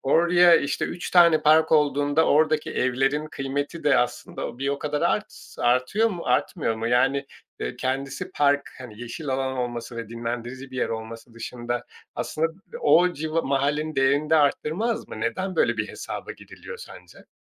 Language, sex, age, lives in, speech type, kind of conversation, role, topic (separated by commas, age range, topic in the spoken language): Turkish, male, 40-44, Portugal, conversation, podcast, host, Şehirde daha fazla yeşil alan oluşturmak için neler yapmalıyız?